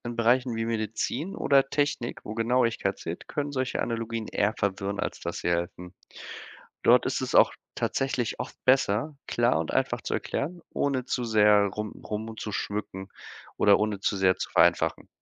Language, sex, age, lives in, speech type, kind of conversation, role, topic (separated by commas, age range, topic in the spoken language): German, male, 25-29, Germany, read, expert-assistant, expert, Was sind praktische Tipps, um komplizierte Themen wie Leben im All leichter zu begreifen?